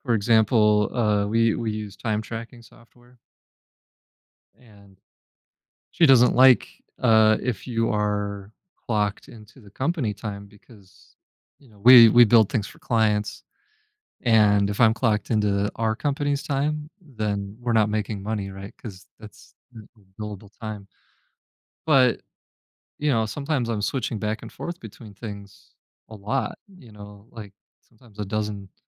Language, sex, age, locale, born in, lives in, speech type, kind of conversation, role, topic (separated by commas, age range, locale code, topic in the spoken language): English, male, 35-39, en-US, United States, United States, conversation, advice, user, How can I address unfair treatment by my boss?